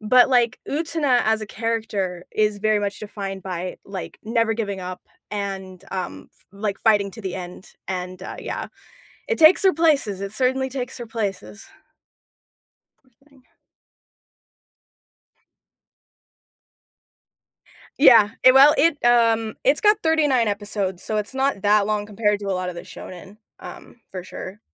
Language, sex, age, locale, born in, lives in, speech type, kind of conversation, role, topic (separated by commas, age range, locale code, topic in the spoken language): English, female, 25-29, en-US, United States, United States, conversation, podcast, guest, How can a TV show shape your perspective or connect with your experiences?